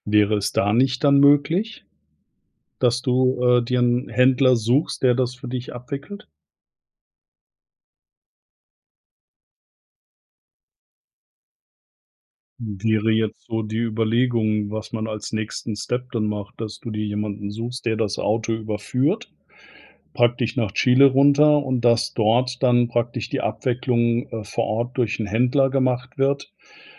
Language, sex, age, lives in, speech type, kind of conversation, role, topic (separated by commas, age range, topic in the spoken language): German, male, 50-54, Germany, conversation, advice, advisor, Ich fühle mich von meinem ganzen Besitz überfordert – wie fange ich am besten mit dem Ausmisten an?